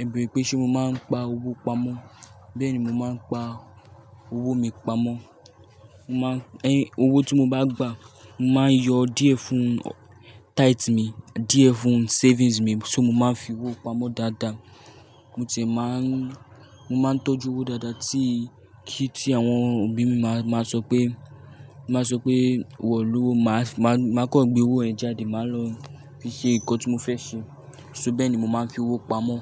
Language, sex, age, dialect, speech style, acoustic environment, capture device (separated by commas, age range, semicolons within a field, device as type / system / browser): Yoruba, male, 18-24, Nigeria - Lagos Yoruba, nervous, people talking; nature; appliances; traffic, mobile / Linux / Chrome